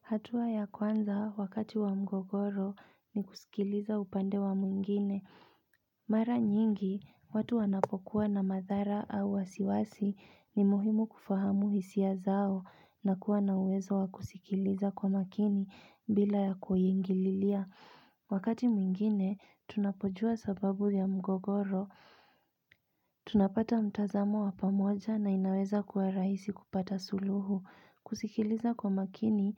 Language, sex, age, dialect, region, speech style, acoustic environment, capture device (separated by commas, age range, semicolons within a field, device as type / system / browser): Swahili, female, 25-34, Kenya - Nairobi Sheng-influenced, Kenya, focused, appliances, mobile / Linux / Chrome